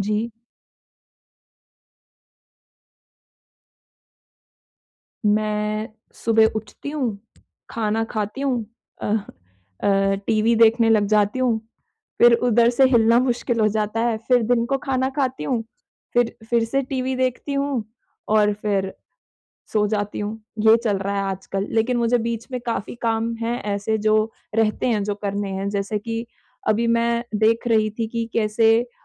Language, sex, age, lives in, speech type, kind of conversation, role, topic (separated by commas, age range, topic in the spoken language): Hindi, female, 25-29, India, conversation, advice, user, विराम के बाद मैं अपनी नियमितता फिर से कैसे पा सकता/सकती हूँ?